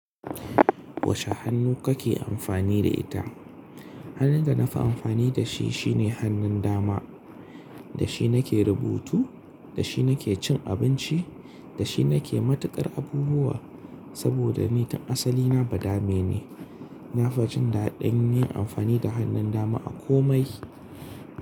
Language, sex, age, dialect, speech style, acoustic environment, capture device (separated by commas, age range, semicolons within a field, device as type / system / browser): Hausa, male, 25-34, Nigeria - Kano, excited; focused; relaxed; happy, nature, mobile / Linux / Chrome